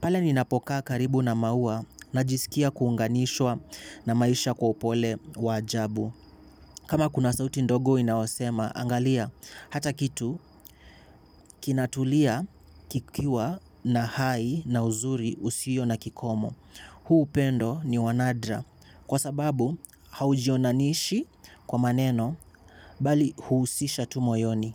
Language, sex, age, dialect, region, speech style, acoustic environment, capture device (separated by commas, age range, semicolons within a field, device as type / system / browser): Swahili, male, 18-24, Kenya - Mombasa, Kenya, excited, nature, mobile / Linux / Chrome